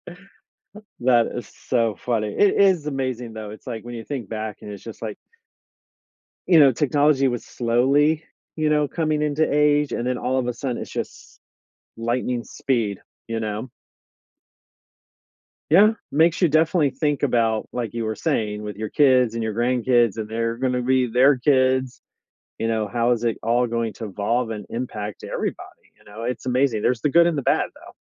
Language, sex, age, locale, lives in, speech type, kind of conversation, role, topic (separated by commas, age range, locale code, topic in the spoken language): English, male, 50-54, en-US, United States, conversation, unstructured, none, In what ways has technology changed your learning experiences?